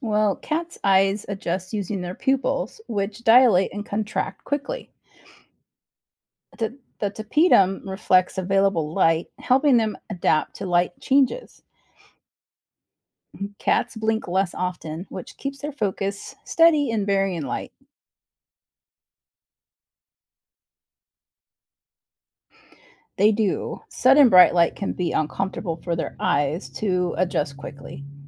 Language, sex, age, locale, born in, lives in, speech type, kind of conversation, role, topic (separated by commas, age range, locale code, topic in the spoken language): English, female, 45-49, en-US, United States, United States, read, expert-assistant, expert, How do cats see in the dark?